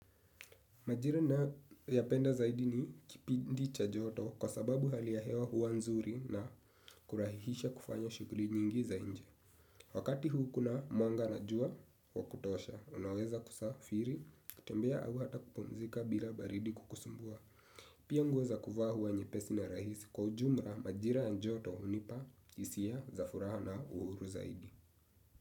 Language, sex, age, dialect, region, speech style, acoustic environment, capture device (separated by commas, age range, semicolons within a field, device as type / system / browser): Swahili, male, 18-24, Kenya - Nairobi Sheng-influenced, Kenya, relaxed, silence, desktop / Windows / Chrome